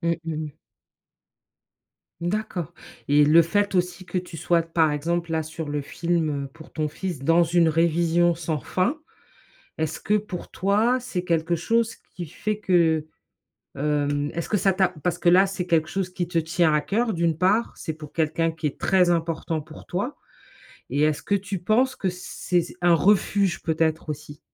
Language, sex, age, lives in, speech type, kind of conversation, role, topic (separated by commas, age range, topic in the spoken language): French, female, 45-49, United States, conversation, advice, advisor, Comment le perfectionnisme t’empêche-t-il de finir tes projets ?